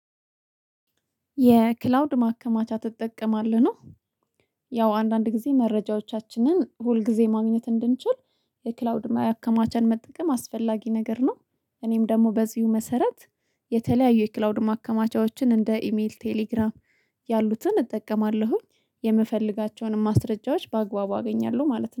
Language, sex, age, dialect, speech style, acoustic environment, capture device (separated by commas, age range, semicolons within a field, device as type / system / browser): Amharic, female, 25-34, Ethiopia - Addis Ababa, relaxed; happy; excited; focused, silence, mobile / Linux / Chrome